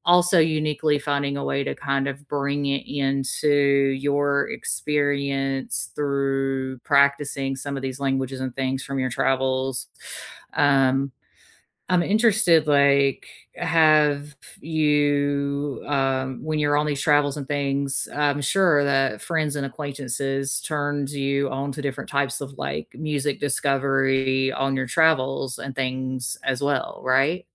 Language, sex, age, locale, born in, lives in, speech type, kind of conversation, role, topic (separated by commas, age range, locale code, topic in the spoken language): English, female, 40-44, en-US, United States, United States, conversation, unstructured, none, How do you discover new music through playlists, algorithms, or friends?